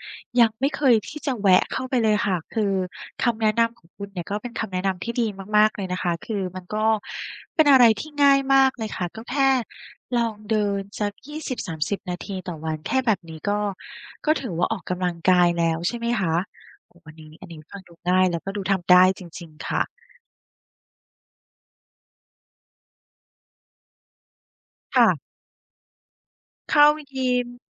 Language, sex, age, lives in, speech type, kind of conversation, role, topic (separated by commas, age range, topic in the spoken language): Thai, female, 35-39, Thailand, conversation, advice, user, ควรเริ่มออกกำลังกายครั้งแรกอย่างไรดีถ้าไม่รู้จะเริ่มยังไง?